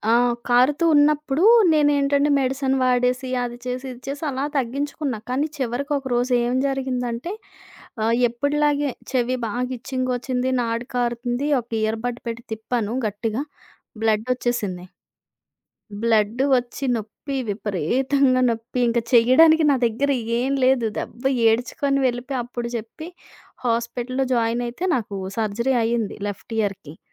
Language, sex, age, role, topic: Telugu, female, 30-34, guest, సమస్య ఎదురైనప్పుడు మీరు ముందుగా ఎవరికీ చెబుతారు?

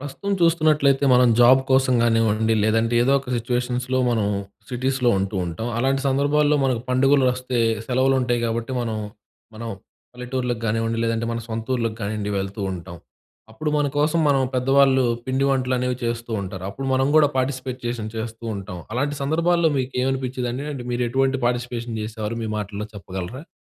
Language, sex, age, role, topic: Telugu, male, 20-24, host, మీ కుటుంబంలో పండుగలకే ప్రత్యేకంగా చేసే వంటకం ఏది?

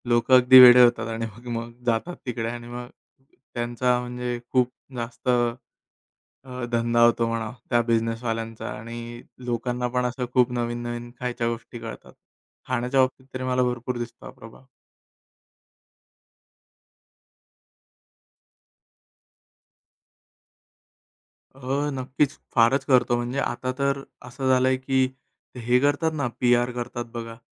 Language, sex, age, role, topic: Marathi, male, 30-34, guest, सामाजिक माध्यमांवरील प्रभावकांचा प्रभाव तुला खऱ्या आयुष्यात कसा दिसतो?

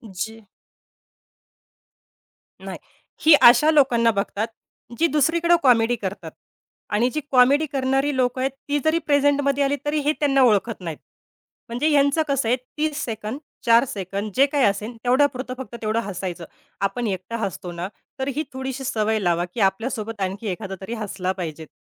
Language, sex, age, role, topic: Marathi, female, 30-34, guest, तुमच्या मते समुदायात सर्वात महत्त्वाचं मूल्य काय आहे?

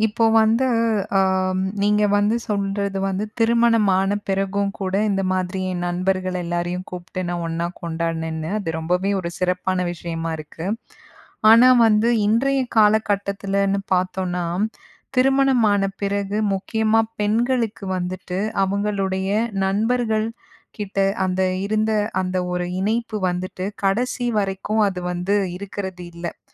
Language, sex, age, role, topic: Tamil, female, 35-39, host, பாரம்பரிய விழாக்களில் நண்பர்களுக்கிடையிலான தொடர்பை எப்படி வலுப்படுத்தலாம்?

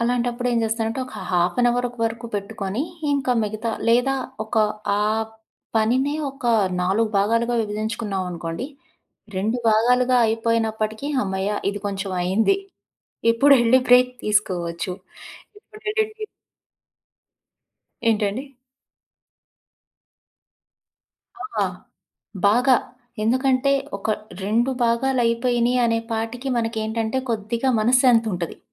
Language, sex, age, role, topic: Telugu, female, 35-39, guest, పని ఒత్తిడిని మీరు సాధారణంగా ఎలా తగ్గించుకుంటారు?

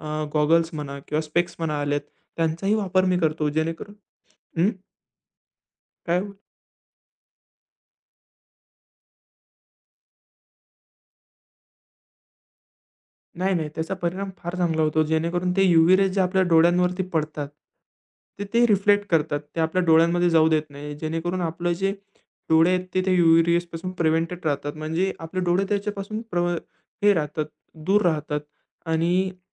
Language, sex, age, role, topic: Marathi, male, 20-24, guest, झोपण्यापूर्वी तुमची रोजची दिनचर्या काय असते?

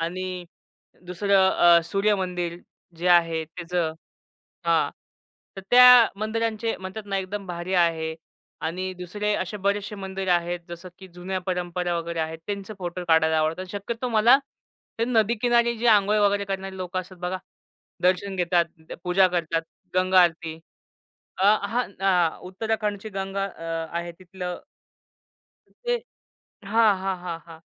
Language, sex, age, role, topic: Marathi, male, 25-29, guest, फोटोग्राफीद्वारे तुम्ही काय शोधता आणि काय दाखवू इच्छिता?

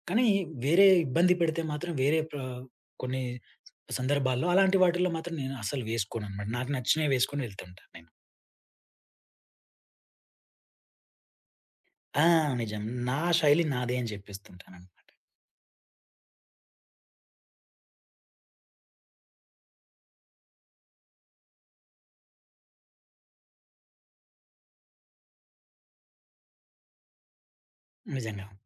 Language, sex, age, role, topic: Telugu, male, 30-34, guest, మీ దుస్తుల శైలిలో సౌకర్యం, ఫ్యాషన్ మధ్య మీరు ఏ విధంగా సమతుల్యం సాధిస్తారు?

